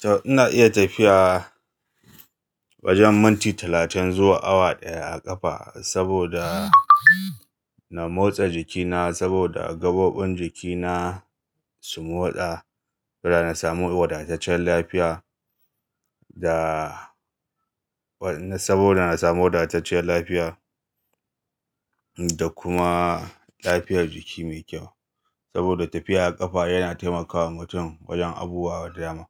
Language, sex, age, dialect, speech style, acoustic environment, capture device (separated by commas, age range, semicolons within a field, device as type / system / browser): Hausa, male, 35-44, Nigeria - Kano, happy, silence, mobile / Linux / Chrome